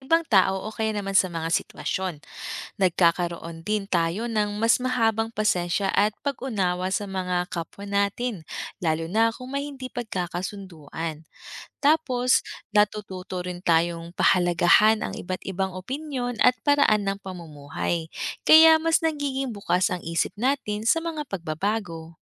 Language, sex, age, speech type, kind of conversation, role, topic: Filipino, female, 30-34, read, expert-assistant, expert, Paano nakakatulong ang paglalakbay sa paglawak ng pananaw ng tao?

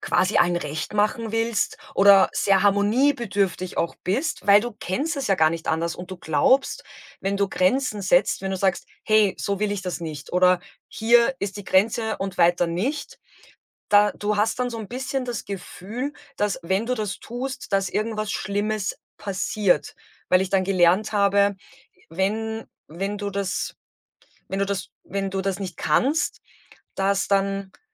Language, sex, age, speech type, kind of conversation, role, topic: German, female, 35-39, conversation, podcast, guest, Wie kann man innerhalb der Familie klare und gesunde Grenzen setzen?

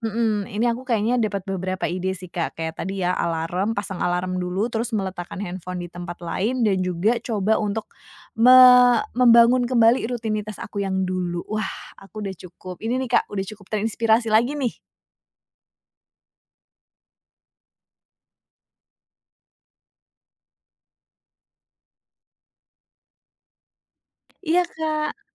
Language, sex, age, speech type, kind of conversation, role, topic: Indonesian, female, 30-34, conversation, advice, user, Bagaimana kebiasaan menggunakan layar hingga begadang sebelum tidur memengaruhi tidur dan aktivitasmu keesokan harinya?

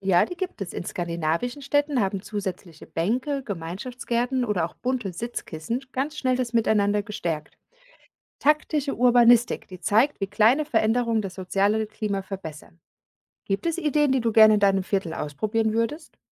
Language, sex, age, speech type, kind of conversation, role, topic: German, female, 40-44, read, expert-assistant, expert, Wie beeinflusst das Stadtviertel, in dem ich lebe, meine Motivation und Zufriedenheit?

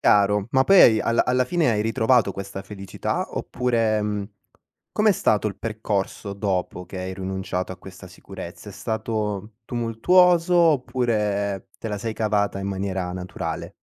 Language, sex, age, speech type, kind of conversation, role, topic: Italian, male, 25-29, conversation, podcast, host, Hai mai dovuto scegliere tra sicurezza e felicità?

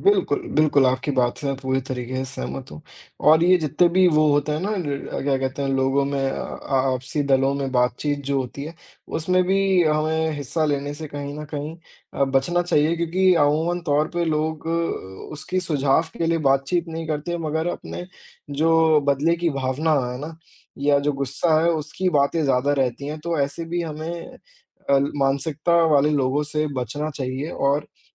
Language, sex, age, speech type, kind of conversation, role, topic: Hindi, male, 20-24, conversation, unstructured, none, आपको क्या लगता है, क्या खबरें हमें अधिक सतर्क बनाती हैं या अधिक डराती हैं?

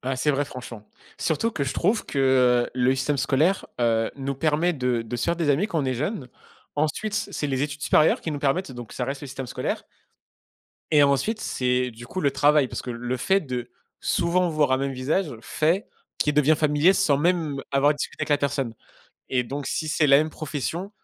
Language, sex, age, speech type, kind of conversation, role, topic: French, male, 25-29, conversation, unstructured, none, Comment réagis-tu face à un conflit avec un ami proche ?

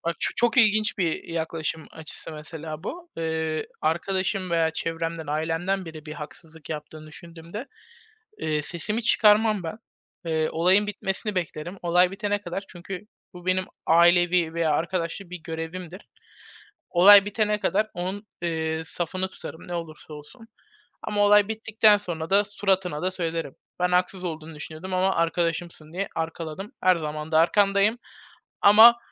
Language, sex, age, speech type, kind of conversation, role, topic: Turkish, male, 20-24, conversation, unstructured, none, Geçmişte sana yapılan bir haksızlık yüzünden hâlâ kızgın mısın?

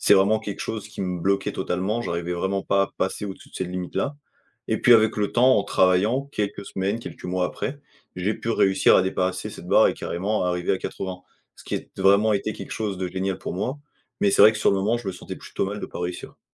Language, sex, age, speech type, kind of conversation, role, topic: French, male, 20-24, conversation, podcast, guest, Comment poser des limites sans se sentir coupable ?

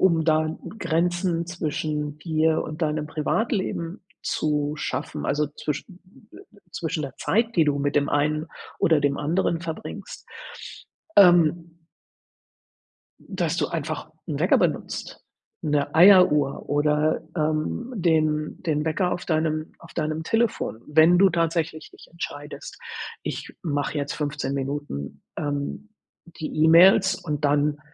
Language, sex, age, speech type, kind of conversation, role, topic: German, female, 60-64, conversation, advice, advisor, Welche Schwierigkeiten hast du, klare Grenzen zwischen Arbeit und Freizeit zu setzen?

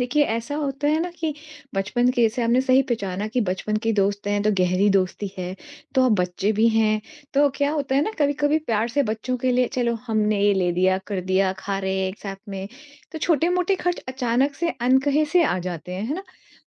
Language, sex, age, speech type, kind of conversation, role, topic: Hindi, female, 40-44, conversation, advice, user, दोस्तों की तुलना में मेरा खर्च बढ़ रहा है और मुझे उलझन हो रही है—मैं क्या करूँ?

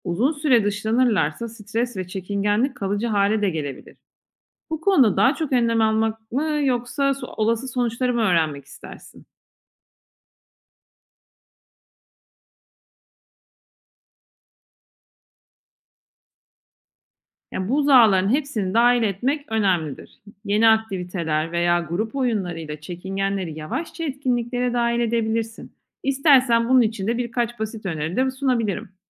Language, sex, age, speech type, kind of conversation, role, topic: Turkish, female, 40-44, read, expert-assistant, expert, Hayvanların günlük yaşamını iyileştirmenin yaratıcı yolları neler olabilir?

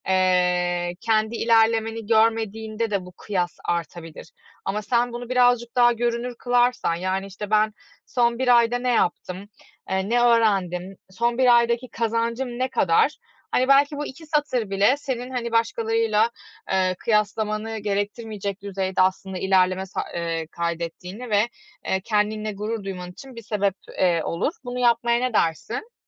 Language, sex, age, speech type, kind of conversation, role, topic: Turkish, female, 35-39, conversation, advice, advisor, Başkalarıyla kendini kıyaslama eğilimini nasıl azaltabilirsin?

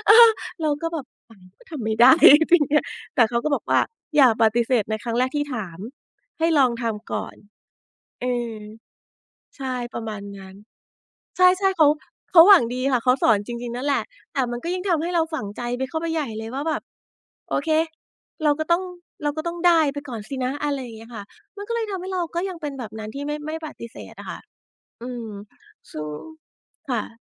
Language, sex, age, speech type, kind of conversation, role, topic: Thai, female, 40-44, conversation, podcast, guest, คุณฝึกบอกว่า “ไม่” อย่างมั่นใจได้อย่างไร?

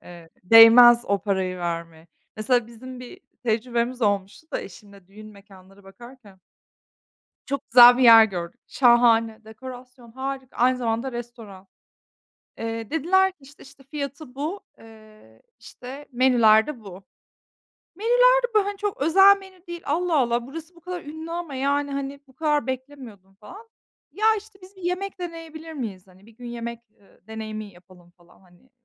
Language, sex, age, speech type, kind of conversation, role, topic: Turkish, female, 30-34, conversation, unstructured, none, Dışarıdan yemek siparişi verirken hangi sorunlarla karşılaştın?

